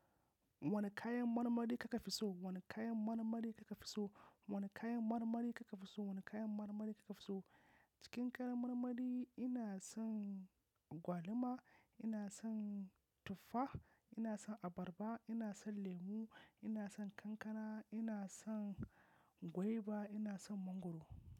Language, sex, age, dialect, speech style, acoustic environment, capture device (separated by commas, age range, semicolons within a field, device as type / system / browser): Hausa, male, 25-34, Nigeria - Kano, focused, silence, mobile / macOS / Safari